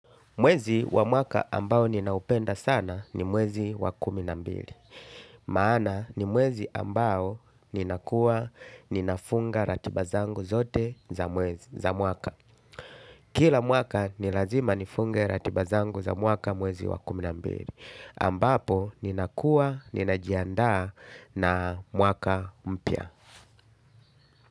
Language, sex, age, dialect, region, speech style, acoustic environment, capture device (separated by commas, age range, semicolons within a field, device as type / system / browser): Swahili, male, 35-44, Tanzania - Dar es Salaam, Tanzania, happy, nature, desktop / Linux / Chrome